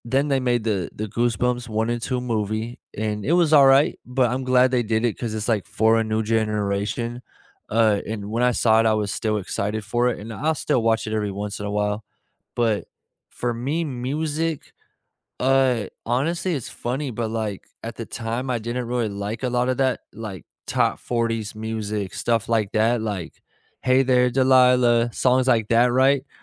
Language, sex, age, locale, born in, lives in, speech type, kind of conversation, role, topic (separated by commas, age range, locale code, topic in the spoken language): English, male, 25-29, en-US, United States, United States, conversation, unstructured, none, Which childhood classic—book, movie, show, or game—still holds up for you today, and why?